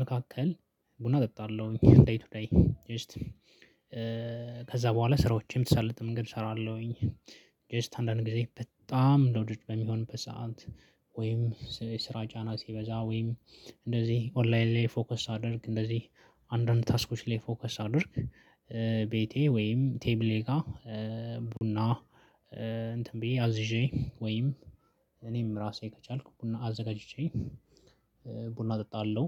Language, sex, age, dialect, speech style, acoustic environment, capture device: Amharic, male, 25-34, Ethiopia - Addis Ababa, focused, silence, mobile / Linux / Chrome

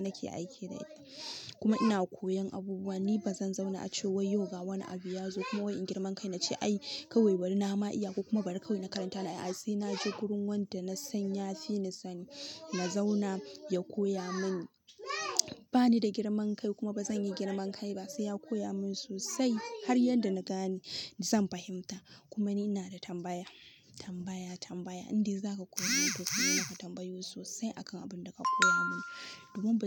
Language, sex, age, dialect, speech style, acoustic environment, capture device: Hausa, female, 18-24, Nigeria - Kano, happy, nature, mobile / Linux / Chrome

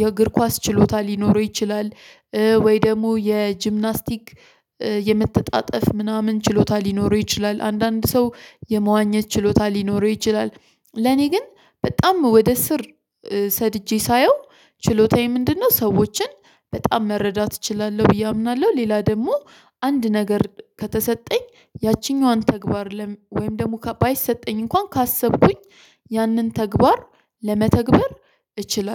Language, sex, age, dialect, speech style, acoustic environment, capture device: Amharic, female, 25-34, Ethiopia - Addis Ababa, stressed; focused, silence, mobile / Linux / Chrome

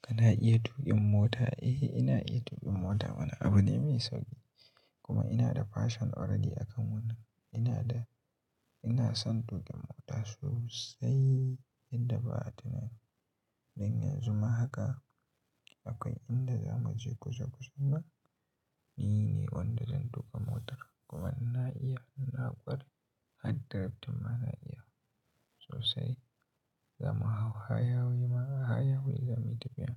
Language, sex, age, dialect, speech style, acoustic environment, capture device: Hausa, male, 18-24, Nigeria - Katsina, happy, silence, mobile / Linux / Chrome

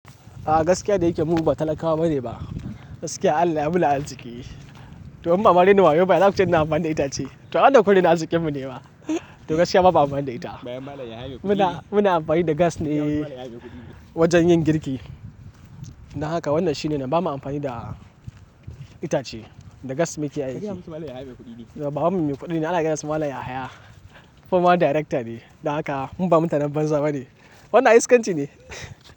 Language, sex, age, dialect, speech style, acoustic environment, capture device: Hausa, male, 18-24, Nigeria - Kano, excited, nature, mobile / Linux / Chrome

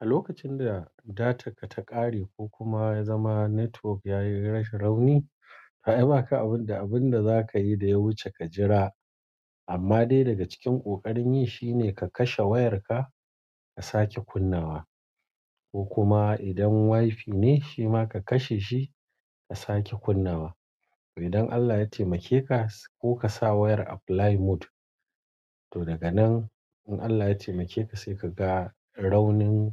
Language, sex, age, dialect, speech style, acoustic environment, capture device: Hausa, male, 45-54, Nigeria - Kano, focused, appliances; people talking, mobile / Android / Chrome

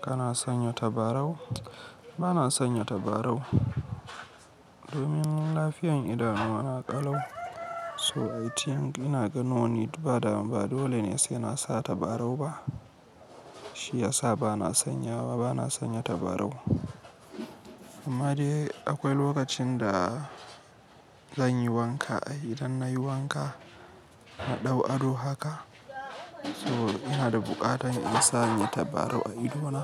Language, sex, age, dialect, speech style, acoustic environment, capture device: Hausa, male, 18-24, Nigeria - Kano, happy, people talking; silence; nature, mobile / Linux / Chrome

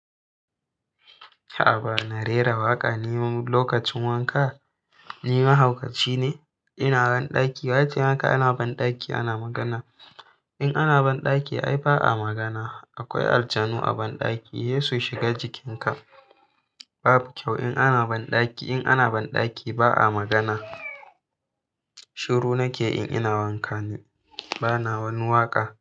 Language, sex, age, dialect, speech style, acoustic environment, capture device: Hausa, male, 18-24, Nigeria - Kano, happy, silence, mobile / Linux / Chrome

